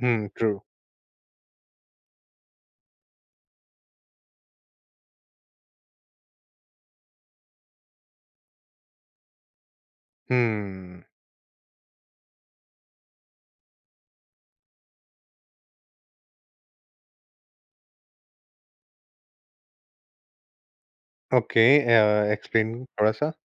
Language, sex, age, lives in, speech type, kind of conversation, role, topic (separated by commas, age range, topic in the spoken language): Hindi, male, 25-29, India, conversation, podcast, host, Aam aadmi nature bachane ke liye kya kar sakta hai?